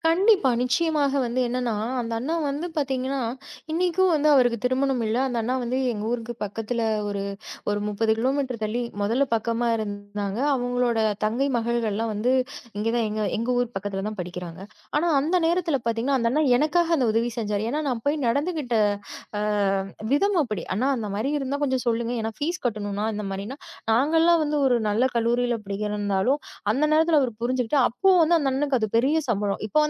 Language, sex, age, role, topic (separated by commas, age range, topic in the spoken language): Tamil, female, 30-34, guest, பணம் குறைந்த காலத்தில் நீங்கள் சமாளித்த அனுபவம் உங்களை எப்படிப் மாற்றியது?